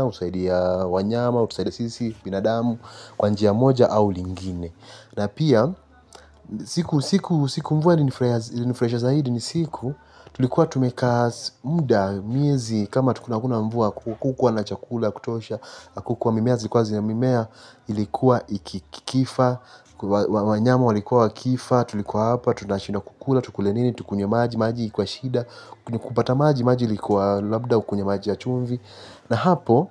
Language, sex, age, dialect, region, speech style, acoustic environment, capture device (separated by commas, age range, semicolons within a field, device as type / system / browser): Swahili, male, 18-24, Kenya - Mombasa, Kenya, anxious, silence, mobile / Linux / Chrome